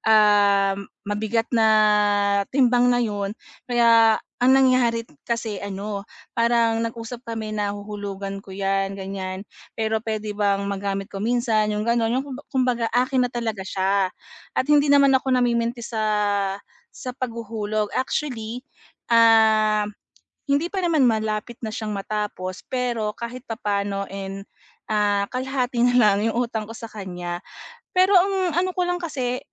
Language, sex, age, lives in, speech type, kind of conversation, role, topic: Filipino, female, 40-44, Philippines, conversation, advice, user, Paano ako magiging kuntento sa kasalukuyan kong mga pag-aari at titigil sa sobra-sobrang pagbili?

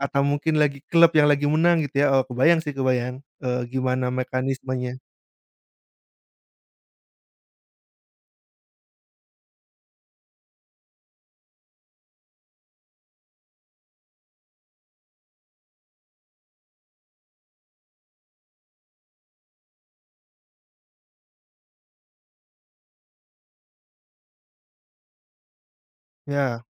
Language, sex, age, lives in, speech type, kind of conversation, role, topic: Indonesian, male, 30-34, Indonesia, conversation, podcast, host, Menurutmu, apa yang membuat konten influencer cepat viral?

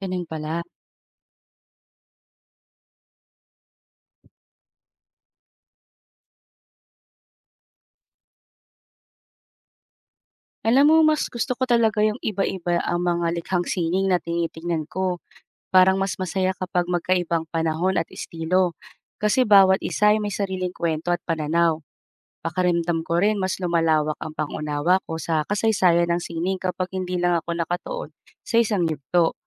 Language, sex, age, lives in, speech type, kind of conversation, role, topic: Filipino, female, 35-39, Philippines, read, expert-assistant, asker, Paano mo malalaman kung sapat na ang pananaliksik at pag-unawa mo sa isang likhang-sining?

